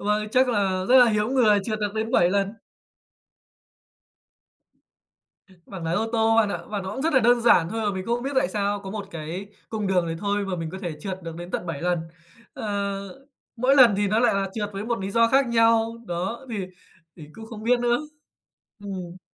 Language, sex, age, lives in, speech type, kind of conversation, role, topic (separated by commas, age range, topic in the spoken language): Vietnamese, male, 25-29, Japan, conversation, podcast, guest, Bạn kể lại một lần mình thất bại như thế nào để câu chuyện vẫn cuốn hút người nghe?